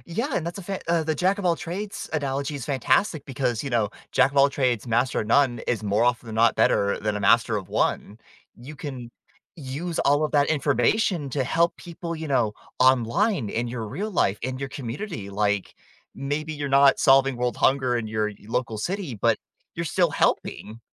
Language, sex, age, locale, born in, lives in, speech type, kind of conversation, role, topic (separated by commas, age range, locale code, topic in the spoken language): English, male, 20-24, en-US, United States, United States, conversation, podcast, host, What helps communities come together to make a positive impact?